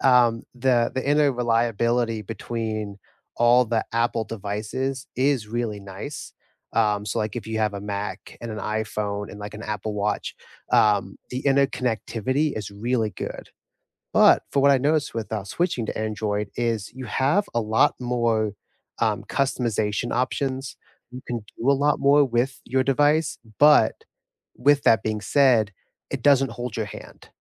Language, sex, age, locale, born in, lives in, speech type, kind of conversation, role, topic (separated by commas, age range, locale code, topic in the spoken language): English, male, 25-29, en-US, United States, United States, conversation, unstructured, none, What surprised you most when you switched between iOS and Android?